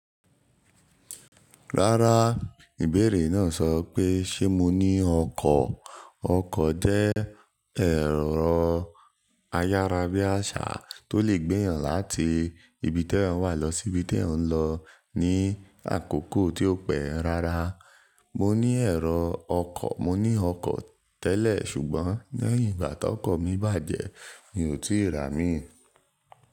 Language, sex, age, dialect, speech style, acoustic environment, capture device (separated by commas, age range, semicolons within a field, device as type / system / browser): Yoruba, male, 18-24, Nigeria - Nigerian Standard, relaxed; focused, nature, mobile / Linux / Chrome